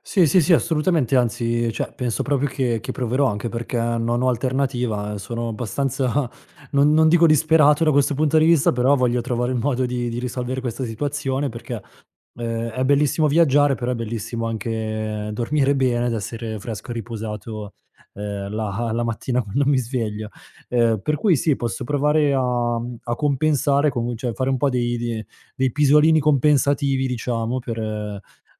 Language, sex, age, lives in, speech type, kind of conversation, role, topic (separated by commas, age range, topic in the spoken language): Italian, male, 30-34, Italy, conversation, advice, user, Come posso gestire il jet lag e riadattare il sonno dopo un viaggio?